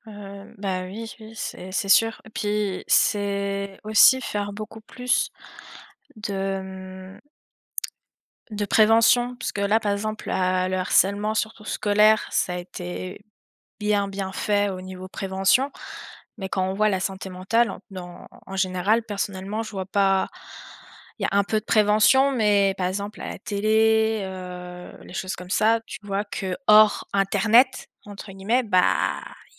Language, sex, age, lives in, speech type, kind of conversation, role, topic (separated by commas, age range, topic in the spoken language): French, female, 20-24, France, conversation, unstructured, none, Qu’aimerais-tu que les autres comprennent mieux au sujet de la santé mentale ?